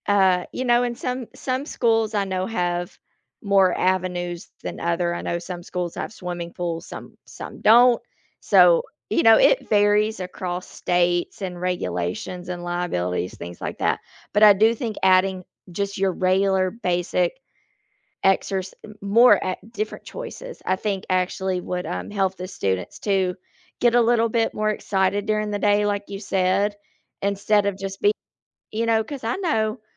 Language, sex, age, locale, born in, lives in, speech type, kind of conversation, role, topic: English, female, 35-39, en-US, United States, United States, conversation, unstructured, none, Should schools require daily exercise for all students?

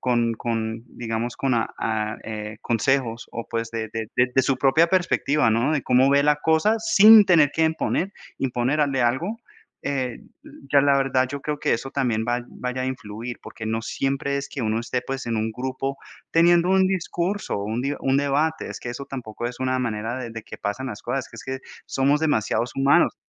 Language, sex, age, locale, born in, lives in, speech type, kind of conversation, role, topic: Spanish, male, 30-34, es-CO, United States, United States, conversation, unstructured, none, ¿Cómo influye la empatía en la resolución de un conflicto?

